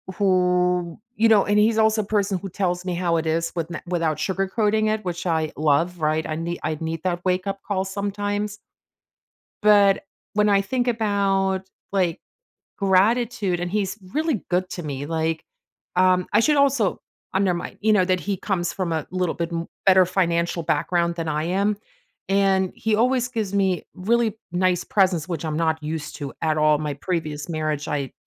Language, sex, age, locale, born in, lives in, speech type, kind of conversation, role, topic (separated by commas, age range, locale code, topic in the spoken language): English, female, 45-49, en-DE, Germany, United States, conversation, advice, user, How can I express heartfelt thanks to someone who means a lot to me?